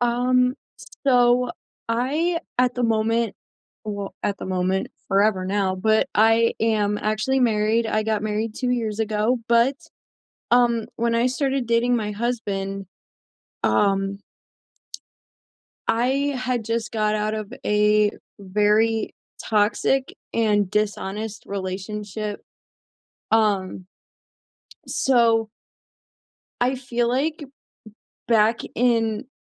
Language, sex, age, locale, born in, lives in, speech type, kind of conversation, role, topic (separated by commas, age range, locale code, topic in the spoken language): English, female, 20-24, en-US, United States, United States, conversation, unstructured, none, How are the rules of modern dating changing for you, and what actually works?